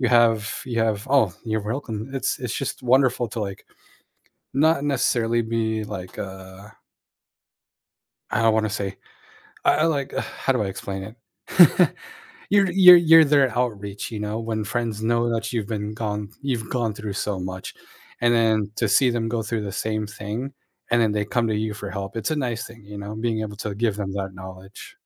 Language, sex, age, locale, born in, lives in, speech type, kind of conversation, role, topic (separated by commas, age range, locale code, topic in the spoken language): English, male, 30-34, en-US, United States, United States, conversation, unstructured, none, What motivates you to keep learning new things throughout your life?